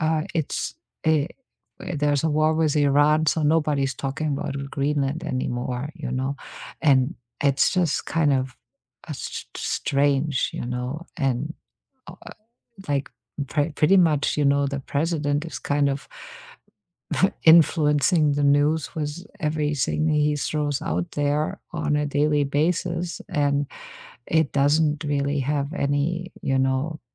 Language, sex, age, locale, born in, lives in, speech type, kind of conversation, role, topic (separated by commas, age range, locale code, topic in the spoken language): English, female, 50-54, en-DE, Germany, United States, conversation, unstructured, none, How has the way you stay informed about current events changed over the years?